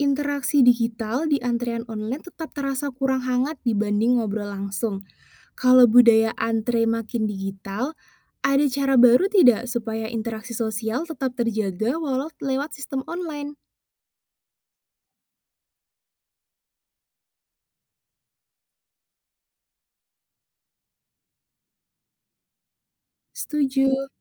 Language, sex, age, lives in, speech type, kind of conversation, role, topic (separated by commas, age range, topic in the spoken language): Indonesian, female, 20-24, Indonesia, read, expert-assistant, asker, Apa yang membuat orang di Indonesia punya cara berbeda dalam menghadapi antrian atau pelayanan publik?